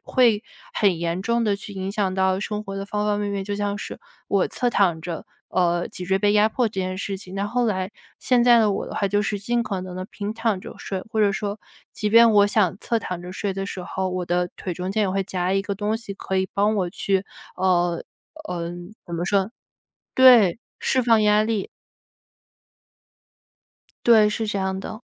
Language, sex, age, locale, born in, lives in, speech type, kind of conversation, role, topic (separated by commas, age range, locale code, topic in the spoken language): Chinese, female, 25-29, zh-CN, China, United States, conversation, podcast, guest, 你有哪些有效的睡眠习惯可以帮助身体恢复？